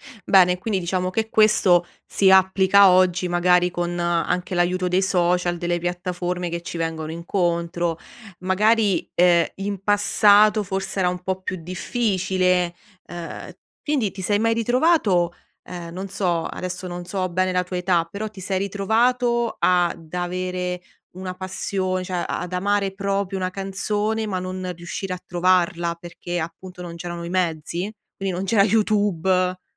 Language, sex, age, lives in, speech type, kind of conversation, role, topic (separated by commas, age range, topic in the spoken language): Italian, female, 30-34, Italy, conversation, podcast, host, Come scopri oggi musica nuova, tra streaming e consigli degli amici?